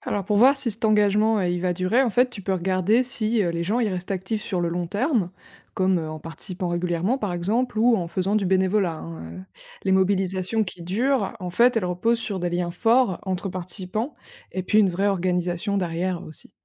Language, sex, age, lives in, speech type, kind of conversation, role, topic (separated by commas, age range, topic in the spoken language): French, female, 25-29, France, read, expert-assistant, expert, Comment tu t’y prendrais pour comprendre ce qui motive les gens à participer à des mouvements comme les marches pour le climat ou les « gilets jaunes » ?